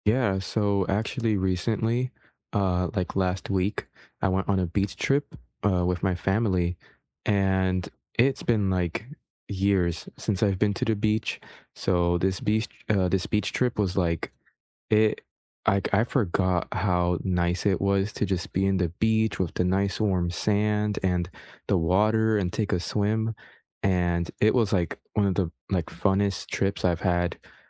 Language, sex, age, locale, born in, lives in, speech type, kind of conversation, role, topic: English, male, 20-24, en-US, United States, United States, conversation, unstructured, none, How do you stay motivated to keep exercising regularly?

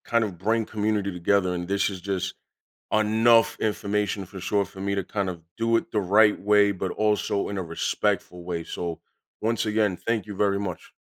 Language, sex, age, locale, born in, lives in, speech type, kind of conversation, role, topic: English, male, 30-34, en-US, United States, United States, read, expert-assistant, asker, How can communities support endangered language preservation?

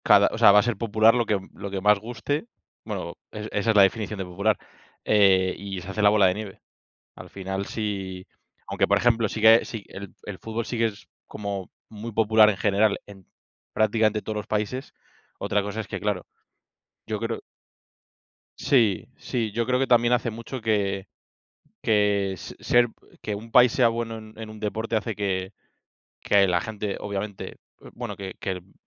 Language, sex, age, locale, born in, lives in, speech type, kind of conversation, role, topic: Spanish, male, 25-29, es-ES, Spain, Spain, conversation, unstructured, none, ¿Es justo que algunos deportes reciban más atención que otros?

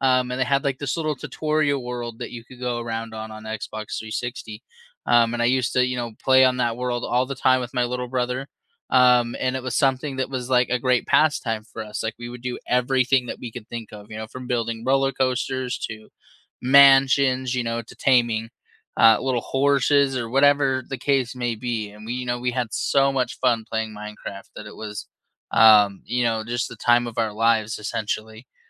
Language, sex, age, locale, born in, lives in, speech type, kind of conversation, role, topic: English, male, 25-29, en-US, United States, United States, conversation, unstructured, none, What video games have brought you closer to friends or family?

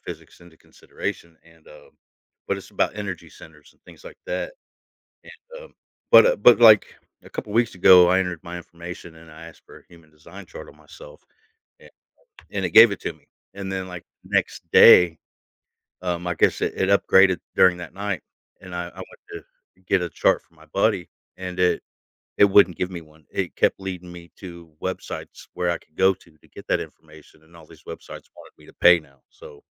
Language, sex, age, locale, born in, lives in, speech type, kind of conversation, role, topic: English, male, 40-44, en-US, United States, United States, conversation, unstructured, none, How do you think artificial intelligence will change our daily lives in the future?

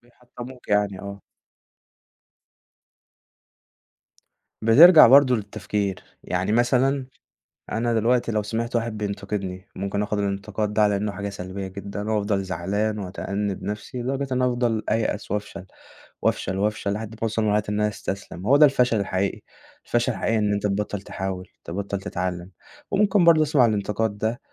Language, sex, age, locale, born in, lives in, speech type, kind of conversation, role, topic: Arabic, male, 20-24, ar-EG, Egypt, Egypt, conversation, podcast, guest, إيه أهم درس اتعلّمته من الفشل؟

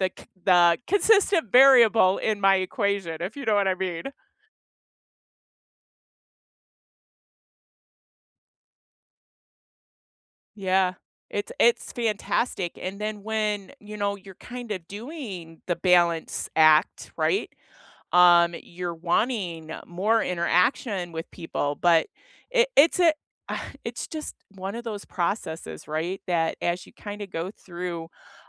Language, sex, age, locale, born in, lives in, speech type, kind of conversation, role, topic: English, female, 55-59, en-US, United States, United States, conversation, unstructured, none, How do you balance rest, work, and play while staying connected?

